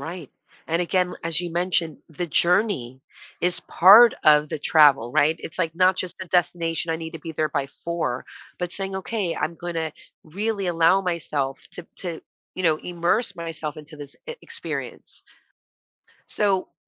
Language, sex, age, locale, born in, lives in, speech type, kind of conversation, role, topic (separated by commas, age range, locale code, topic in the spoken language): English, female, 40-44, en-US, United States, United States, conversation, podcast, host, What draws people to explore new places when they travel?